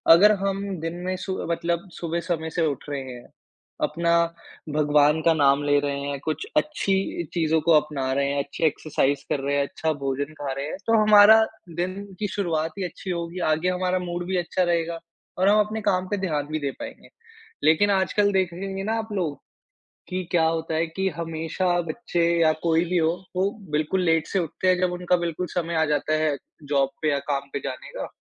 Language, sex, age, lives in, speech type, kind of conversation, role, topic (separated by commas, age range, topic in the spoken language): Hindi, male, 55-59, India, conversation, podcast, guest, अपने दिन को बेहतर बनाने के छोटे-छोटे तरीके क्या हैं?